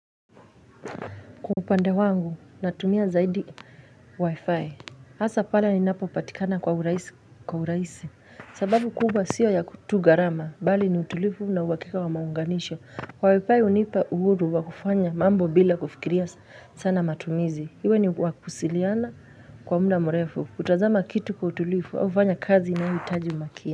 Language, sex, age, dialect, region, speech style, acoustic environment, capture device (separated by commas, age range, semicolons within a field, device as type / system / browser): Swahili, female, 25-34, Kenya - Nairobi Sheng-influenced, Kenya, happy, silence, mobile / Linux / Chrome